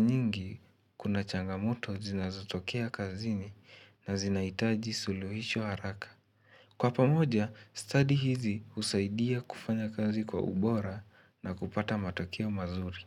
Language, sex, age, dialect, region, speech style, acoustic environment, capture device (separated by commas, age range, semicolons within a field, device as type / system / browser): Swahili, male, 18-24, Kenya - Nairobi Sheng-influenced, Kenya, excited, nature, mobile / Linux / Chrome